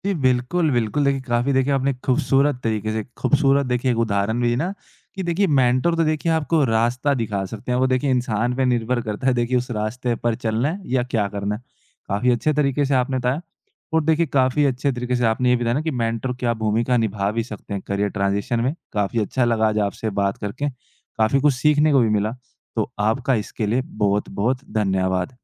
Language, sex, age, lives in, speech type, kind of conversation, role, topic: Hindi, male, 20-24, India, conversation, podcast, host, किसी मेंटर का साथ करियर परिवर्तन में कैसे मदद करता है?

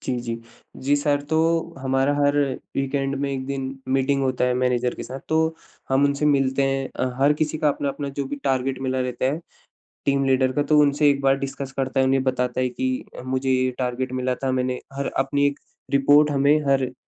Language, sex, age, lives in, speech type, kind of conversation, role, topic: Hindi, male, 25-29, India, conversation, advice, user, मैं अपनी सैलरी बढ़ाने या प्रमोशन माँगने में जो डर और झिझक महसूस करता/करती हूँ, उसे कैसे दूर करूँ?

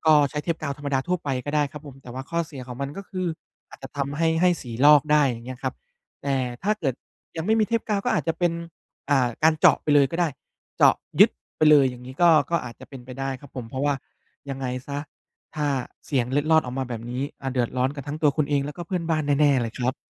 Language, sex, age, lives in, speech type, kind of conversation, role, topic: Thai, male, 30-34, Thailand, conversation, advice, advisor, เสียงกรนหรือเสียงรบกวนจากคนข้างๆ ทำให้คุณนอนไม่ต่อเนื่องอย่างไร?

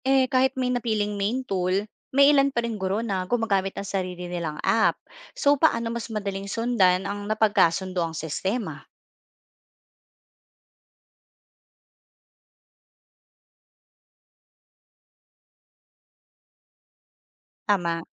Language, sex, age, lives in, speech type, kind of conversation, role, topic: Filipino, female, 35-39, Philippines, read, expert-assistant, asker, Anong mga paraan ang puwedeng gamitin para hindi malito sa dami ng digital tools sa pagtuturo?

